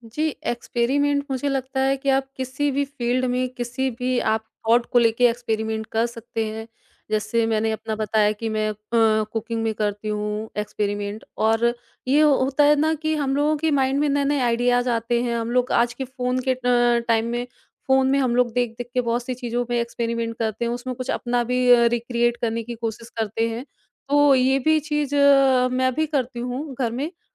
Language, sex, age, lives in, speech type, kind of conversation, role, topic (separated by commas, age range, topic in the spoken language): Hindi, female, 30-34, India, conversation, podcast, guest, Kya khel aur prayog ke liye waqt nikaalna zaroori hota hai?